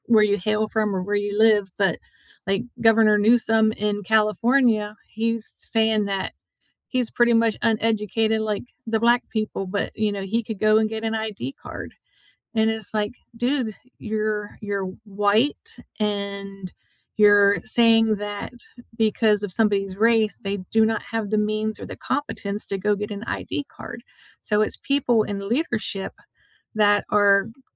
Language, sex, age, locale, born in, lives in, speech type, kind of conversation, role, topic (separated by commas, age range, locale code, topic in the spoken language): English, female, 50-54, en-US, United States, United States, conversation, unstructured, none, Why do some historical injustices continue to affect people today?